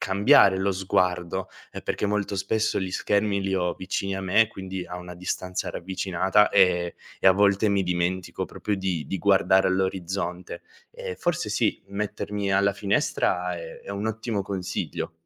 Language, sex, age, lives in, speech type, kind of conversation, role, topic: Italian, male, 25-29, Italy, conversation, advice, user, Come posso gestire le distrazioni dai social network e dalle e-mail per concentrarmi davvero sul lavoro?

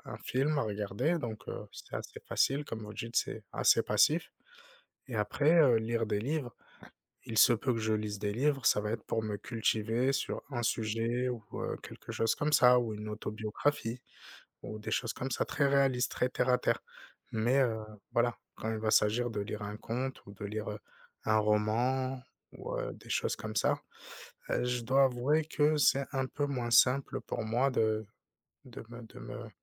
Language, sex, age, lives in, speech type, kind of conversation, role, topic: French, male, 30-34, France, conversation, unstructured, none, Comment choisissez-vous entre lire un livre et regarder un film ?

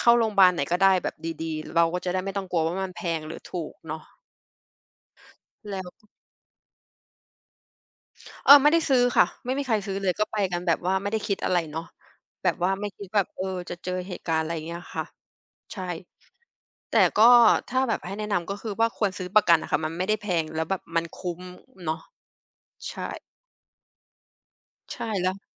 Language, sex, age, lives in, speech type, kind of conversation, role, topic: Thai, female, 30-34, Thailand, conversation, podcast, guest, มีเรื่องตลกหรือเรื่องพลาดระหว่างเดินทางที่ยังจำได้ไหม?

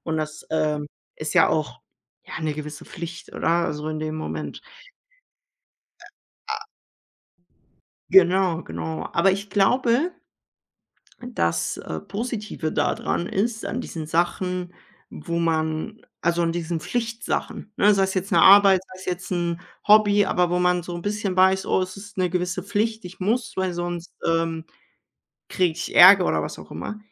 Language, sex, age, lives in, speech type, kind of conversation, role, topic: German, male, 18-19, Germany, conversation, unstructured, none, Wie bleibst du beim Sport motiviert?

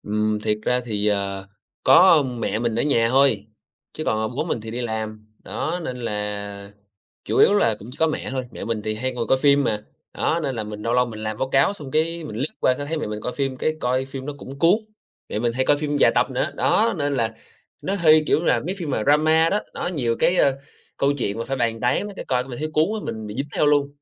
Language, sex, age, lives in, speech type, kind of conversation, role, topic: Vietnamese, male, 25-29, Vietnam, conversation, advice, user, Bạn thường bị xao nhãng bởi những điều gì khi làm việc tại nhà?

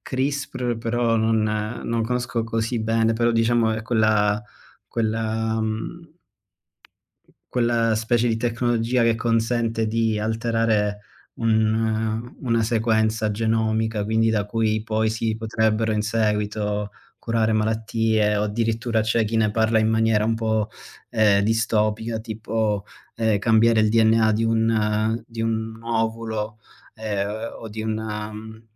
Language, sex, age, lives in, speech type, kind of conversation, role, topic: Italian, male, 30-34, Germany, conversation, unstructured, none, Qual è la scoperta scientifica che ti ha sorpreso di più?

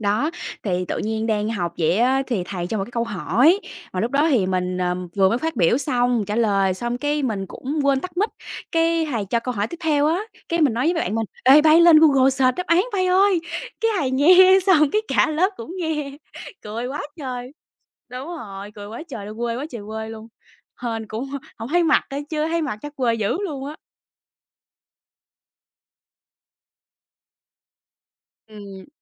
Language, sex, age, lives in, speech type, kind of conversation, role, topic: Vietnamese, female, 25-29, Vietnam, conversation, unstructured, none, Học trực tuyến khác gì so với học truyền thống?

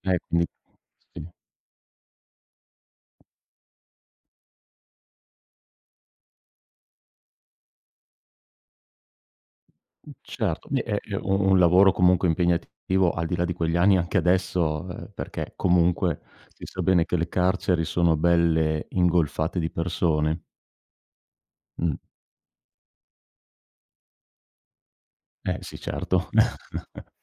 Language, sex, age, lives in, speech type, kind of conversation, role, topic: Italian, male, 50-54, Italy, conversation, podcast, host, Puoi raccontarmi di una volta in cui hai sbagliato e hai imparato molto?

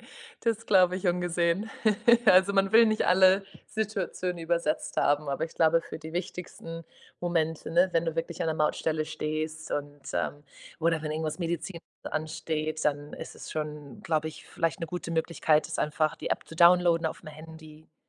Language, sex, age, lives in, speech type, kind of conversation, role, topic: German, female, 25-29, Portugal, conversation, advice, advisor, Wie kann ich Sprachbarrieren überwinden, wenn ich im Urlaub neue Orte entdecke?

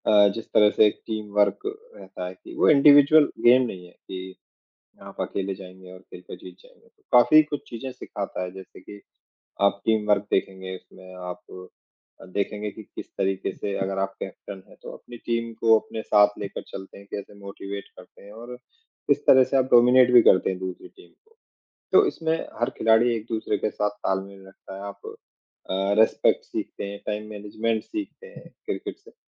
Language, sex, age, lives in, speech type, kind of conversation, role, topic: Hindi, male, 35-39, India, conversation, unstructured, none, आपका पसंदीदा खेल कौन सा है और क्यों?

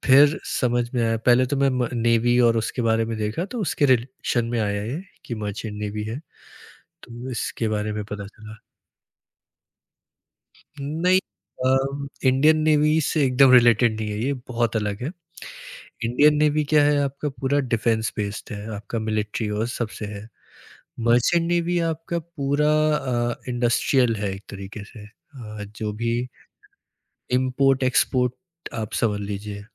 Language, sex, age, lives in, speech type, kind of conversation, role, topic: Hindi, male, 20-24, India, conversation, podcast, guest, Family ki career expectations ko aap kaise handle karte hain?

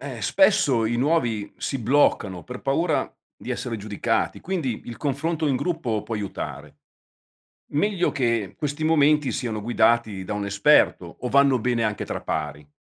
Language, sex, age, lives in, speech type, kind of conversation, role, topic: Italian, male, 55-59, Italy, read, expert-assistant, asker, Cosa aiuta di più a imparare il lavoro sociale: l’esperienza sul campo o i corsi teorici?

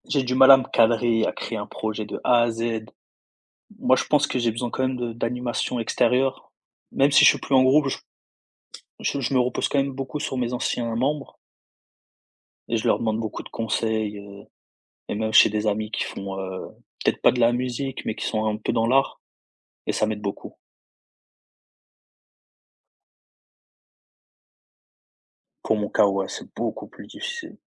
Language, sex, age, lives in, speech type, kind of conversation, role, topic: French, male, 20-24, France, conversation, podcast, guest, Préférez-vous créer seul ou en groupe, et pourquoi ?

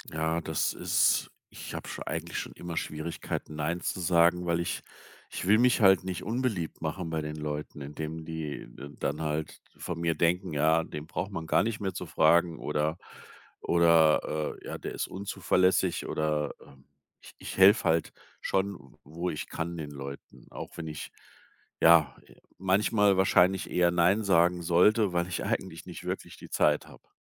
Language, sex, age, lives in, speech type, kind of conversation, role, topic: German, male, 55-59, Germany, conversation, advice, user, Wie kann ich vermeiden, dass ich mich durch zu viele Verpflichtungen überfordert fühle, weil ich nicht Nein sagen kann?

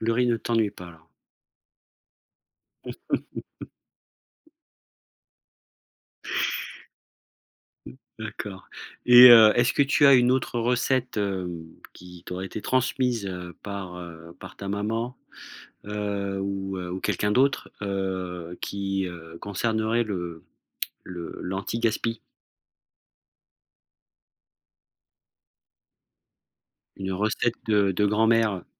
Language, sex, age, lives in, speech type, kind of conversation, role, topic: French, male, 40-44, France, conversation, podcast, host, Que fais-tu avec des restes pour en faire un bon repas ?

